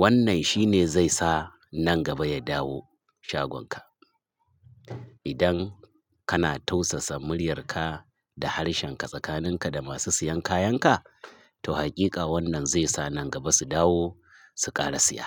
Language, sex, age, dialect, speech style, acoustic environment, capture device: Hausa, male, 45-54, Nigeria - Kano, nervous; excited; focused; stressed; happy; anxious; tired; relaxed, traffic; construction; appliances; people talking; music; nature; silence, mobile / Linux / Chrome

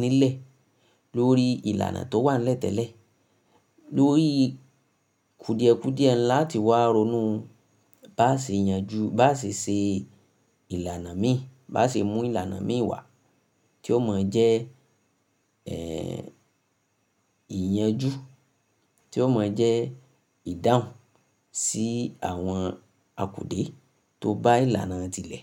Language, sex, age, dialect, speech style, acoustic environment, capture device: Yoruba, female, 25-34, Nigeria - Ibadan, happy; focused, silence, mobile / Linux / Chrome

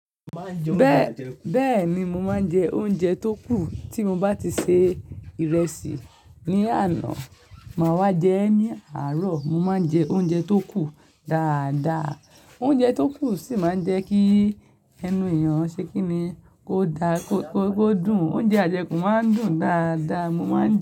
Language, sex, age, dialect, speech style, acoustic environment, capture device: Yoruba, male, 18-24, Nigeria - Lagos Yoruba, relaxed; focused; happy, people talking; nature, mobile / Linux / Chrome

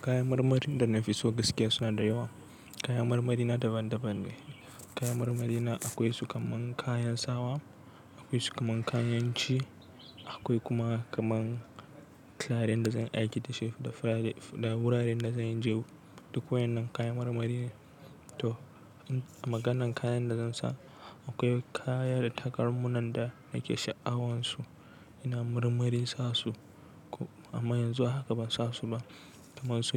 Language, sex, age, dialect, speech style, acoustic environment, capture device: Hausa, male, 18-24, Nigeria - Nigerian Standard, relaxed, nature; construction, desktop / Linux / Chrome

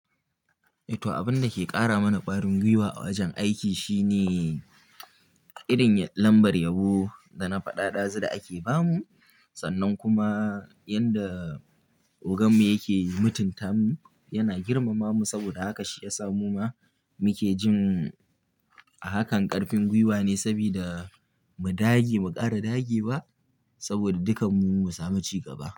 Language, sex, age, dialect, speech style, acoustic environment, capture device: Hausa, male, 18-24, Nigeria - Kano, happy, silence, mobile / Linux / Chrome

